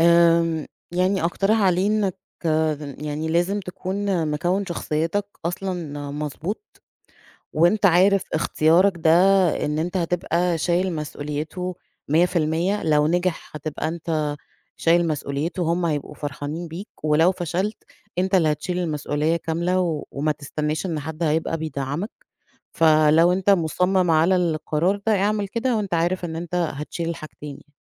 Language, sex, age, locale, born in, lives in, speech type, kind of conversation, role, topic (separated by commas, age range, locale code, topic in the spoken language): Arabic, female, 35-39, ar-EG, Egypt, Egypt, conversation, podcast, guest, إزاي تتفاهم مع أهلك على اختياراتك في الشغل؟